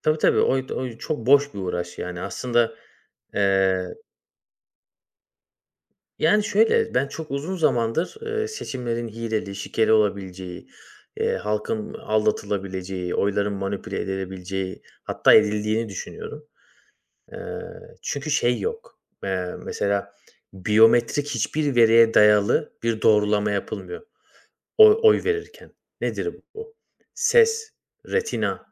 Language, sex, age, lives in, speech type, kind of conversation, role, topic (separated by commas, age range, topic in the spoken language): Turkish, male, 30-34, Bulgaria, conversation, unstructured, none, Sence herkesin oy kullanması neden önemli?